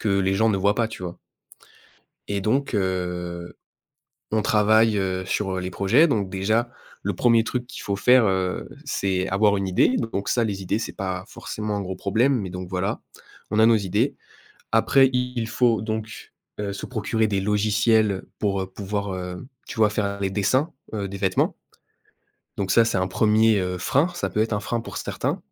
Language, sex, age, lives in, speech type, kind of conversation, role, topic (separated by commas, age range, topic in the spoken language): French, male, 20-24, France, conversation, advice, user, Pourquoi avez-vous perdu votre motivation et remettez-vous en question ce projet ?